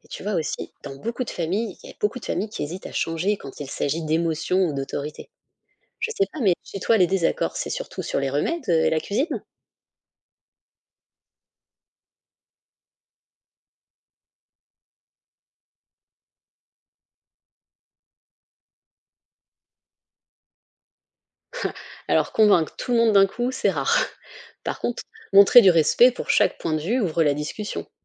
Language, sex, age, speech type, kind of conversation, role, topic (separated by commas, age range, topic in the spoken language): French, female, 35-39, read, expert-assistant, expert, Comment concilier les traditions familiales et les conseils des médecins ?